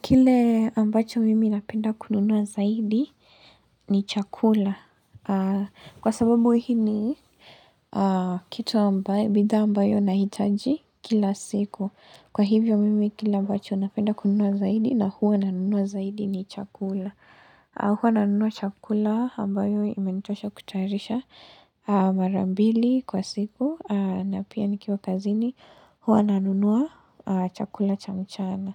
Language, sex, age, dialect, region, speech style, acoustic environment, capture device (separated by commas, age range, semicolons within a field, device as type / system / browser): Swahili, female, 18-24, Kenya - Mombasa, Kenya, relaxed, silence; nature, mobile / Linux / Chrome